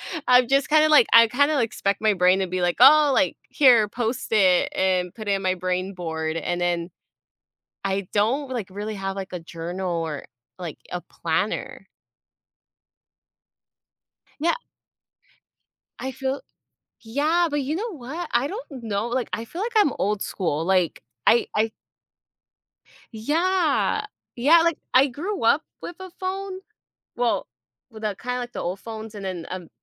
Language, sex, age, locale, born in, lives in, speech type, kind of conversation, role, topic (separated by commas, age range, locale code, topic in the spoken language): English, female, 25-29, en-US, United States, United States, conversation, advice, user, How should I apologize to my friend for forgetting their birthday?